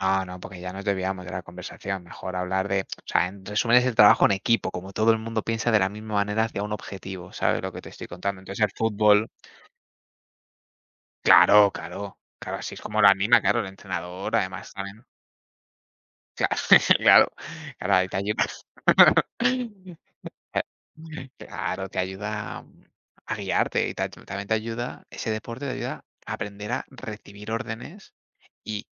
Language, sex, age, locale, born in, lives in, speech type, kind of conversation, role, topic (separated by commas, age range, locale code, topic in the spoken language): Spanish, male, 20-24, es-ES, Spain, Spain, conversation, unstructured, none, ¿Qué deporte crees que tiene más potencial para el desarrollo personal?